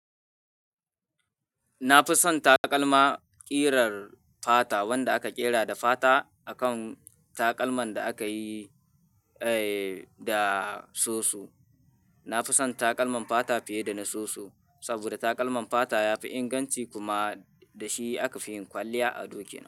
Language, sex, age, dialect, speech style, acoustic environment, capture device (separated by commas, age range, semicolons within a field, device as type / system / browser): Hausa, male, 18-24, Nigeria - Kano, anxious; tired, nature; people talking, mobile / Linux / Chrome